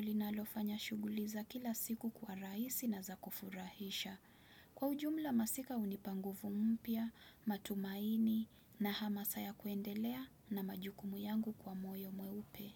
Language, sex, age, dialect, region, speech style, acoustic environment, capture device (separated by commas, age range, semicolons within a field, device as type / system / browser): Swahili, female, 25-34, Kenya - Mombasa, Kenya, relaxed, silence; nature, desktop / Windows / Chrome